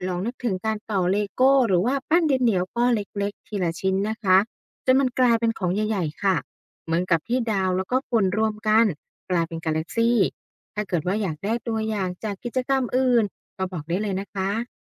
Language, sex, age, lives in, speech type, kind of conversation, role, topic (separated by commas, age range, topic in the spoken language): Thai, female, 35-39, Thailand, read, expert-assistant, expert, ถ้าอธิบายกำเนิดจักรวาลด้วยเหตุการณ์ในชีวิตประจำวัน จะเปรียบเทียบกับอะไรได้บ้าง?